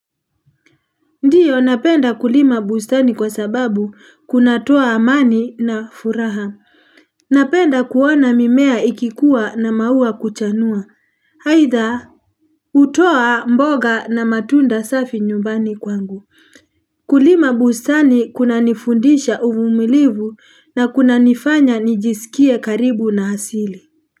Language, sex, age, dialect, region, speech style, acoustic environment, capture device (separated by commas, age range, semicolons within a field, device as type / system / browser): Swahili, female, 35-44, Kenya - Nairobi Sheng-influenced, Kenya, happy; relaxed; focused; excited, people talking; nature; construction; traffic; appliances, mobile / Linux / Chrome